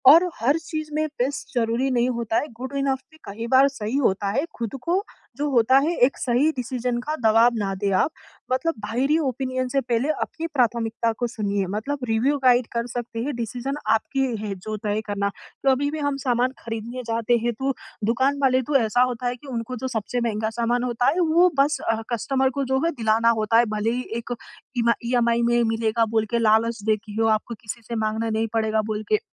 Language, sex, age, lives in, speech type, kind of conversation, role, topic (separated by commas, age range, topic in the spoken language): Hindi, female, 20-24, India, conversation, advice, advisor, कीमत और गुणवत्ता के बीच सही संतुलन कैसे बनाऊँ?